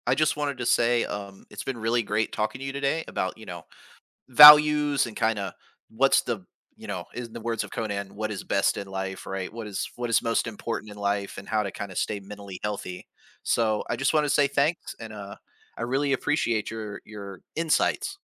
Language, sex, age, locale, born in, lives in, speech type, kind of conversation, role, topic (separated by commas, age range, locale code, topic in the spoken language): English, male, 40-44, en-US, United States, United States, conversation, podcast, host, How do your personal values influence the way you live and make decisions?